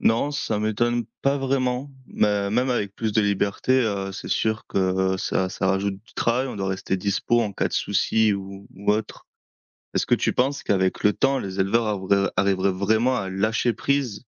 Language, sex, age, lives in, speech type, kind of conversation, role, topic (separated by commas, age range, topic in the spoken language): French, male, 25-29, France, read, expert-assistant, asker, Les robots améliorent-ils vraiment la qualité de vie des éleveurs par rapport aux méthodes traditionnelles ?